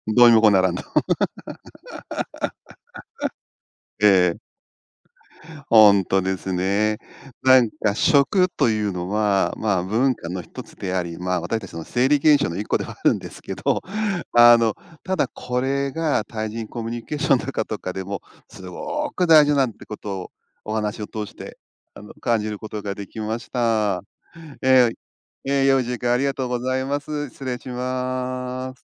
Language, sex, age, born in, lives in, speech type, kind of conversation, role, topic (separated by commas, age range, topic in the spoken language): Japanese, male, 50-54, Japan, Japan, conversation, podcast, host, 一緒にご飯を食べることには、どんな力があるのでしょうか？